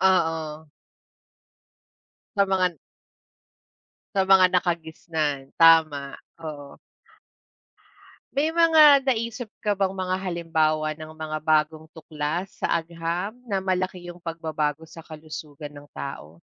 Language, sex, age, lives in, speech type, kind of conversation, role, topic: Filipino, female, 35-39, Philippines, conversation, unstructured, none, Paano nakatutulong ang agham sa pagpapabuti ng kalusugan?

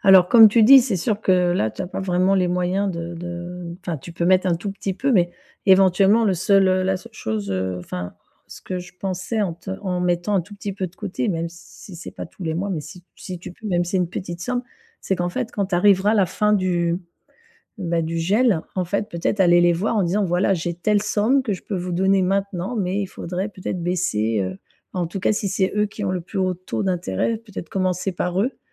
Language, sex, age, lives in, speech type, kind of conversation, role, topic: French, female, 50-54, France, conversation, advice, advisor, Comment puis-je commencer à réduire et gérer mes dettes sans paniquer ?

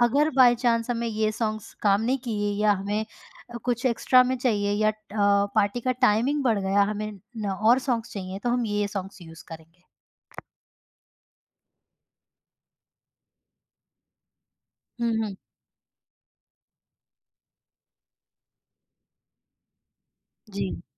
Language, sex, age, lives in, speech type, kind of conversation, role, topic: Hindi, female, 25-29, India, conversation, podcast, guest, Party ke liye playlist banate waqt tumhara approach kya hota hai?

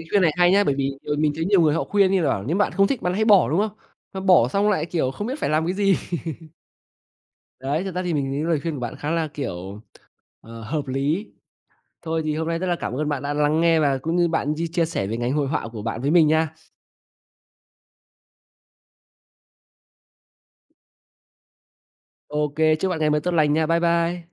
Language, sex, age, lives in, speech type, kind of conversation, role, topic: Vietnamese, male, 25-29, Vietnam, conversation, unstructured, none, Bạn cảm thấy điều gì vui nhất mà công việc hiện tại mang lại?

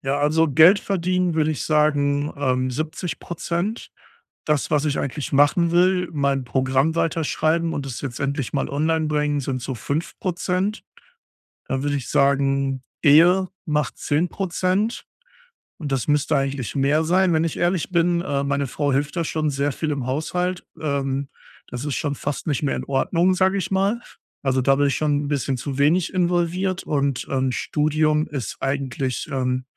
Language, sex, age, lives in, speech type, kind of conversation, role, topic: German, male, 35-39, Germany, conversation, advice, user, Wie bleibe ich motiviert, während ich an einem großen Ziel arbeite?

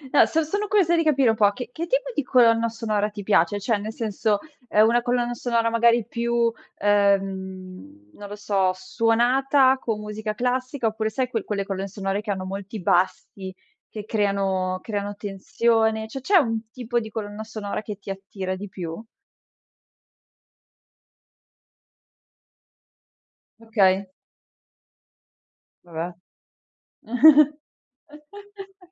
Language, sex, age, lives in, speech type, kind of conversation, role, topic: Italian, female, 25-29, Italy, conversation, podcast, host, Quanto incide la colonna sonora sulla tua immersione?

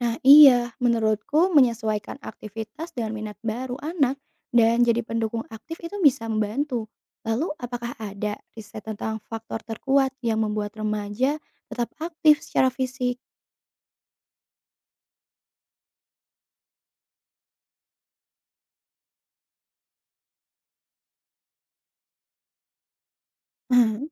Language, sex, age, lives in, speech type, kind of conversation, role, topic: Indonesian, female, 25-29, Indonesia, read, expert-assistant, asker, Bagaimana tradisi keluarga memengaruhi kebiasaan berolahraga seseorang?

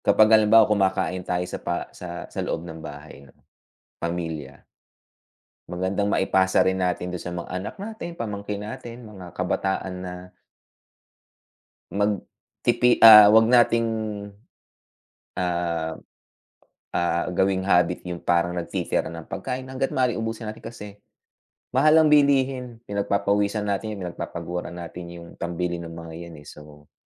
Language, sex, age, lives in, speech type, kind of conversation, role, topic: Filipino, male, 45-49, United States, conversation, unstructured, none, Bakit nakakainis kapag may nagtatapon ng pagkain sa kainan?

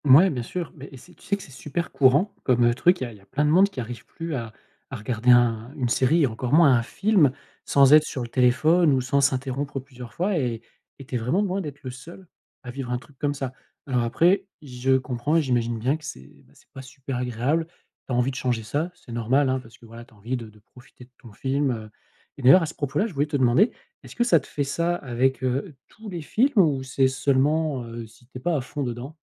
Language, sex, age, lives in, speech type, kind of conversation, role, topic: French, male, 40-44, France, conversation, advice, advisor, Comment puis-je regarder des films chez moi sans être distrait ?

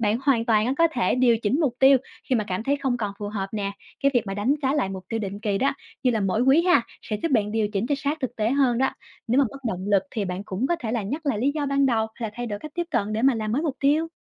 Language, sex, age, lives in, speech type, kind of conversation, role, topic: Vietnamese, female, 25-29, Vietnam, read, expert-assistant, expert, Làm sao để sáng tạo ra những mục tiêu vừa thực tế vừa truyền cảm hứng cho bản thân?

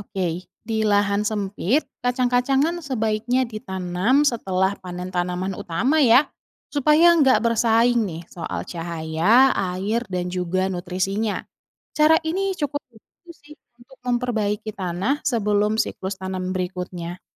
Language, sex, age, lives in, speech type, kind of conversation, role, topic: Indonesian, female, 30-34, Indonesia, read, expert-assistant, expert, Bagaimana Cara Rotasi Tanaman agar Tanah Tetap Subur di Lahan Sempit?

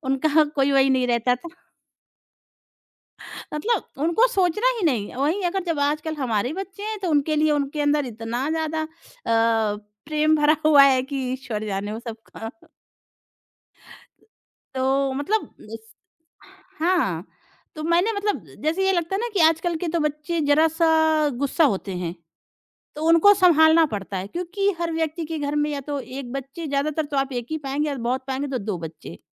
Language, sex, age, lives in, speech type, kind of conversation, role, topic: Hindi, female, 30-34, India, conversation, podcast, guest, Jab aapko kisi ko koi mushkil ya nazuk baat batani ho, toh aap apne shabd kaise chunte hain?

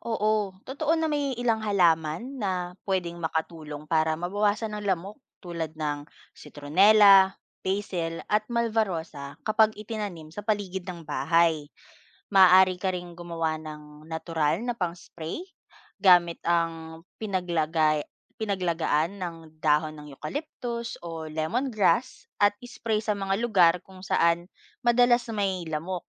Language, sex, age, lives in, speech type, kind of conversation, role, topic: Filipino, female, 25-29, Philippines, read, expert-assistant, expert, Ano ang sanhi ng pagdami ng lamok tuwing tag-ulan?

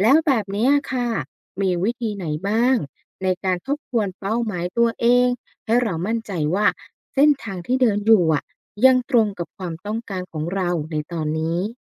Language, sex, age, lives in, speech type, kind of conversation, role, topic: Thai, female, 35-39, Thailand, read, expert-assistant, asker, การมีเป้าหมายในชีวิตช่วยให้มีทิศทางและแรงบันดาลใจอย่างไร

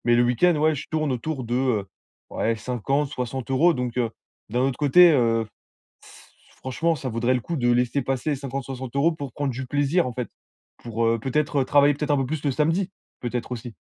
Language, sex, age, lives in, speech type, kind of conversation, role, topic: French, male, 20-24, France, conversation, advice, user, Comment trouver du temps pour explorer de nouveaux endroits ?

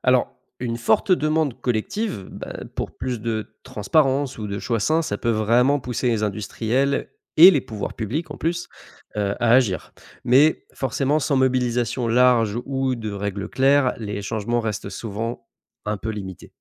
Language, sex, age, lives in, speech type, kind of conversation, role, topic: French, male, 25-29, France, read, expert-assistant, expert, Comment faciliter de meilleures habitudes alimentaires pour les familles ?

